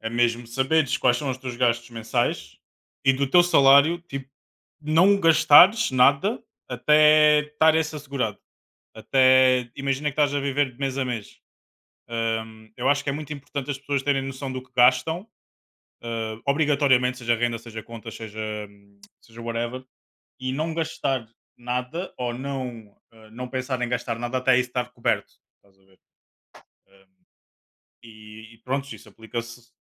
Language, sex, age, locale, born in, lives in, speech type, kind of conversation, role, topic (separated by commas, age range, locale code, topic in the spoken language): Portuguese, male, 25-29, pt-PT, Portugal, Portugal, conversation, unstructured, none, Quais são seus maiores desafios para economizar dinheiro?